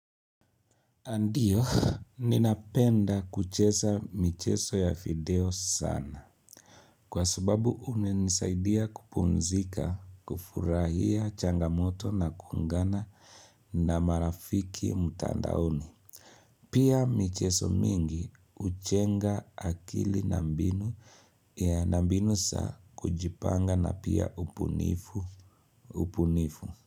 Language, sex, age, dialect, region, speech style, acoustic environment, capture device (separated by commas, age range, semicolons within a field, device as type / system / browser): Swahili, male, 25-34, Kenya - Nairobi Sheng-influenced, Kenya, happy; focused; relaxed, people talking; appliances; silence, mobile / Linux / Chrome